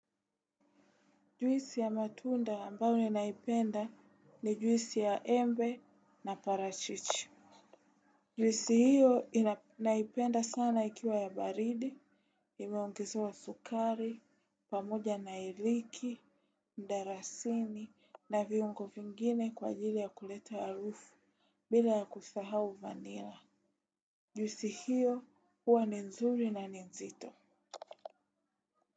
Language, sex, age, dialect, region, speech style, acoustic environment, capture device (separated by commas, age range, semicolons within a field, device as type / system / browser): Swahili, female, 18-24, Tanzania - Dar es Salaam, Tanzania, relaxed, silence, desktop / Windows / Chrome